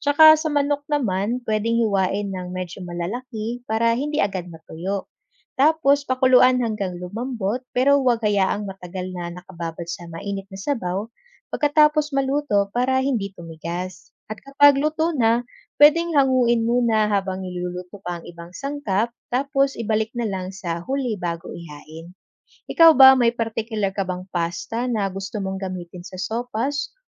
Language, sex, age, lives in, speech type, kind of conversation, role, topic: Filipino, female, 25-29, Philippines, read, expert-assistant, expert, Paano matutong magluto ng iba't ibang ulam kahit walang pormal na training?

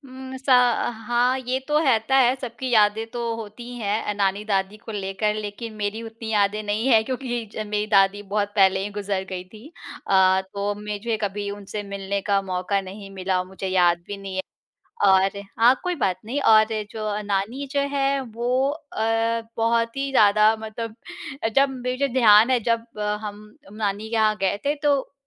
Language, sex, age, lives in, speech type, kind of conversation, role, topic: Hindi, female, 35-39, India, conversation, podcast, guest, अगर आपके पास सिर्फ़ 30 मिनट हों, तो आप क्या बनाते हैं?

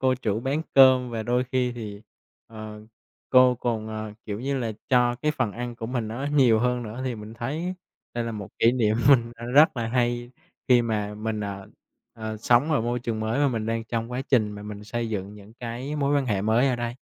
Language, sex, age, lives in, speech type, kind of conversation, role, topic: Vietnamese, male, 25-29, Vietnam, conversation, advice, user, Làm thế nào để xây dựng mạng lưới quan hệ xã hội mới khi tôi đã mất đi những mối quan hệ bạn bè cũ?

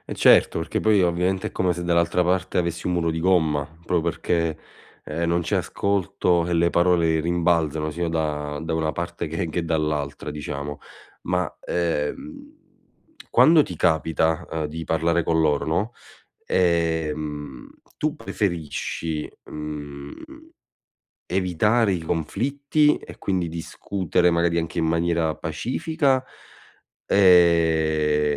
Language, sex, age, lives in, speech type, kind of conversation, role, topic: Italian, male, 25-29, Italy, conversation, advice, advisor, Come posso stabilire confini più chiari con la mia famiglia?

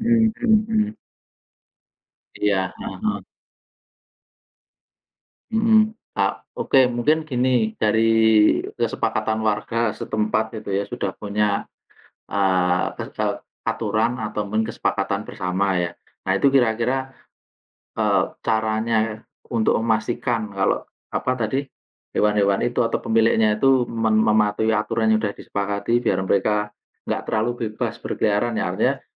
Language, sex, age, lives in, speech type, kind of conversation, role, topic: Indonesian, male, 40-44, Indonesia, conversation, unstructured, none, Apakah kamu setuju dengan larangan membiarkan hewan peliharaan berkeliaran bebas?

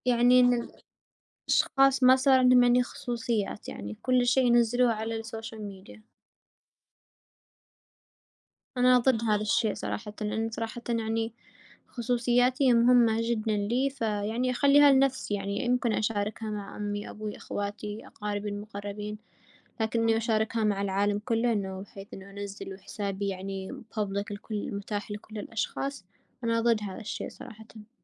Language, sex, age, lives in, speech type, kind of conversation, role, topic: Arabic, female, 20-24, United States, conversation, unstructured, none, إزاي التكنولوجيا بتأثر على عاداتنا اليومية؟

